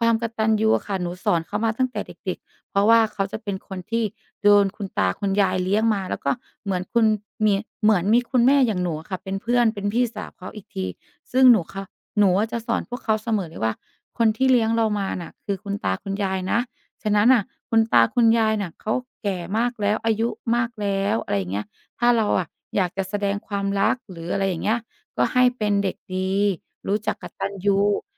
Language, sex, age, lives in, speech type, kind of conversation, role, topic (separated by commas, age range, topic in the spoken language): Thai, female, 35-39, Thailand, conversation, podcast, guest, ค่านิยมอะไรที่คุณตั้งใจจะส่งต่อให้ลูกหลานของคุณ?